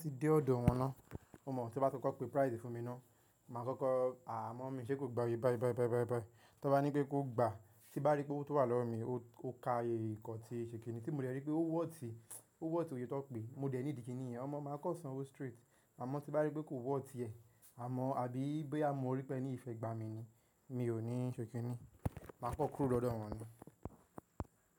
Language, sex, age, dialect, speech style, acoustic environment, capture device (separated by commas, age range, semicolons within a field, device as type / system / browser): Yoruba, male, 18-24, Nigeria - Nigerian Standard, happy, nature, mobile / macOS / Safari